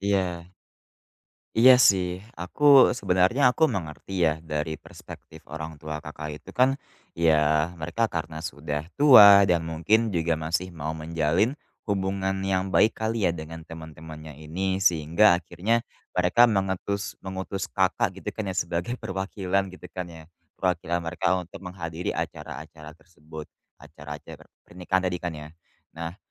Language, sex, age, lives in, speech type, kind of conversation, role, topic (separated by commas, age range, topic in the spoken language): Indonesian, male, 20-24, Indonesia, conversation, advice, advisor, Bagaimana cara mengatasi rasa takut menghadiri acara sosial sendirian dan merasa canggung?